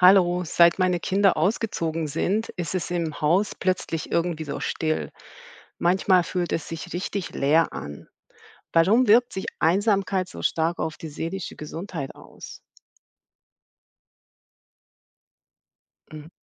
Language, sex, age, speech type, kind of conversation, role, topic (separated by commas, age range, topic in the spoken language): German, female, 40-44, read, expert-assistant, asker, Weshalb kann Einsamkeit unsere seelische Gesundheit beeinflussen?